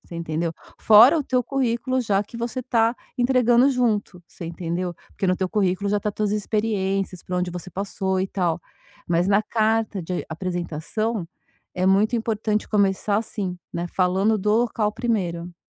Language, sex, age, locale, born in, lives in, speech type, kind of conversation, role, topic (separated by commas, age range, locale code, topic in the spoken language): Portuguese, female, 50-54, pt-BR, Brazil, France, conversation, advice, advisor, Como superar o medo de tentar de novo depois de falhar?